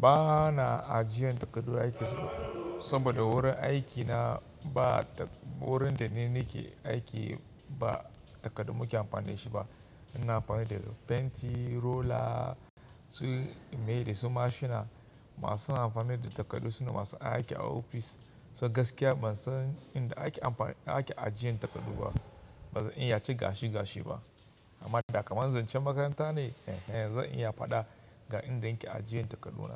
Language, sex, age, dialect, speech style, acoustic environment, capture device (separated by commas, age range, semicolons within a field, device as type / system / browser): Hausa, male, 25-34, Nigeria - Kano, focused, nature; construction, mobile / Linux / Chrome